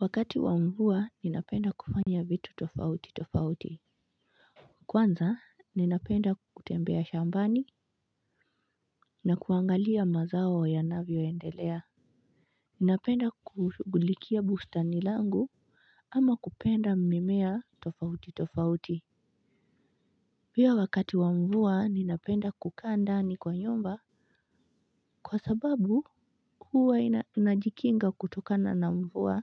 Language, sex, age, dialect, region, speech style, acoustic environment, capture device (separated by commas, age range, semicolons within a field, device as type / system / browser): Swahili, female, 25-34, Kenya - Mombasa, Kenya, relaxed, nature, mobile / Linux / Chrome